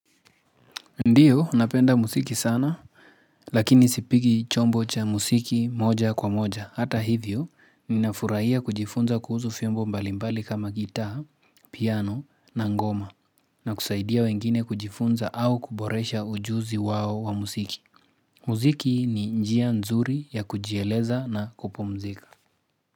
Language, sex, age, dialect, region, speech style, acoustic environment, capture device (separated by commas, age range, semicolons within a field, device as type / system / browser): Swahili, male, 25-34, Kenya - Nairobi Sheng-influenced, Kenya, focused, nature, mobile / Linux / Chrome